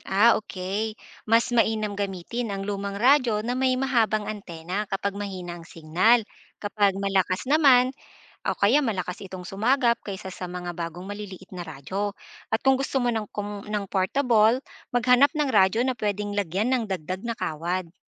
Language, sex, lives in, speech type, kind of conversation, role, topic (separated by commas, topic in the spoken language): Filipino, female, Philippines, read, expert-assistant, expert, May mga simpleng tips ba para magpatuloy ang broadcast kahit mahina ang signal?